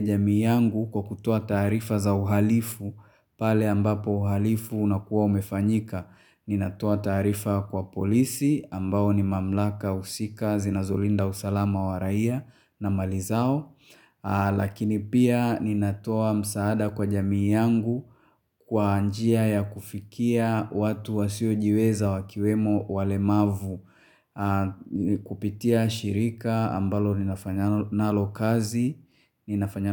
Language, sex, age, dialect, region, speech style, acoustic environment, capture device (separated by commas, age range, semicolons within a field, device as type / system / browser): Swahili, male, 25-34, Tanzania - Dar es Salaam, Tanzania, happy; excited; focused, silence, mobile / Linux / Chrome